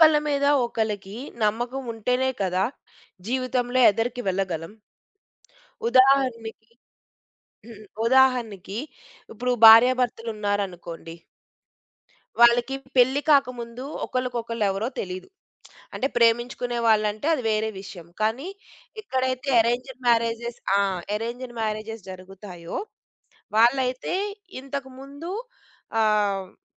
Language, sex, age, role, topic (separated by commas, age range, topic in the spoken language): Telugu, female, 35-39, guest, సహకారం కోసం నమ్మకాన్ని మీరు ఎలా నిర్మిస్తారు?